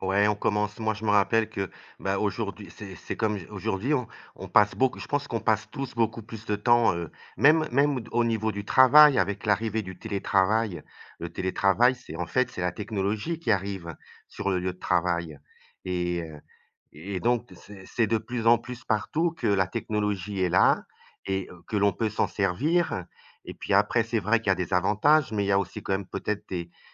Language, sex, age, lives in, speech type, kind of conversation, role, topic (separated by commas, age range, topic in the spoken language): French, male, 55-59, Portugal, conversation, unstructured, none, Comment la technologie rend-elle la vie quotidienne plus facile ?